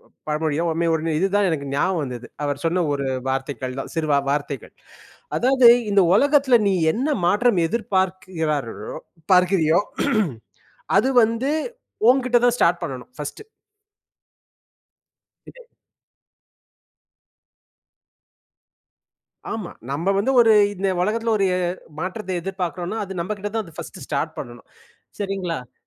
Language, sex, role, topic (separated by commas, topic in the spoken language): Tamil, male, guest, நம்ம ஊரில் சுற்றுச்சூழல் விழிப்புணர்வை எப்படிப் பரப்பலாம்?